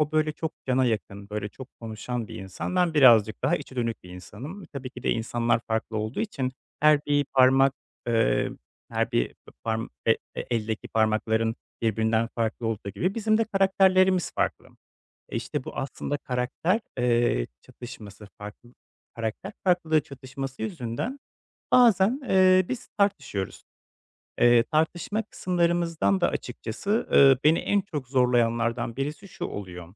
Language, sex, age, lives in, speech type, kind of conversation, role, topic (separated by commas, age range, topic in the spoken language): Turkish, male, 25-29, Poland, conversation, advice, user, Özsaygımı ve kimliğimi nasıl yeniden inşa edebilirim ve nereden başlamalıyım?